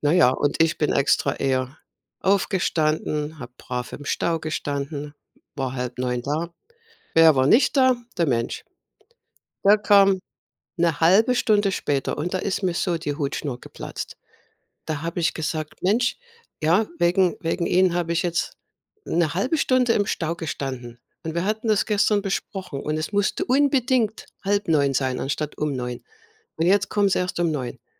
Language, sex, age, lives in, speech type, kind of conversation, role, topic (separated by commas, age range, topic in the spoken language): German, female, 55-59, United States, conversation, unstructured, none, Was hältst du von Menschen, die ständig zu spät kommen?